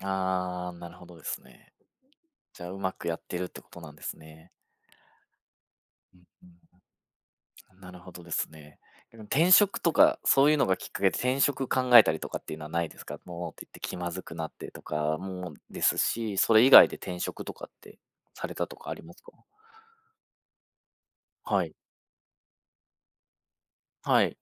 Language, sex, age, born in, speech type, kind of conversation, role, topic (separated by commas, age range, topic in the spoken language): Japanese, male, 35-39, Japan, conversation, podcast, host, 「No」と言うのは苦手ですか？どうしてますか？